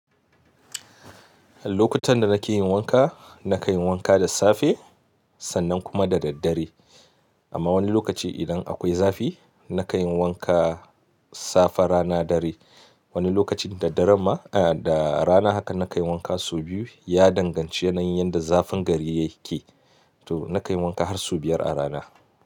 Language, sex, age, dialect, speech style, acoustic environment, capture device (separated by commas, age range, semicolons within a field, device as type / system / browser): Hausa, male, 25-34, Nigeria - Katsina, happy, nature, mobile / Linux / Chrome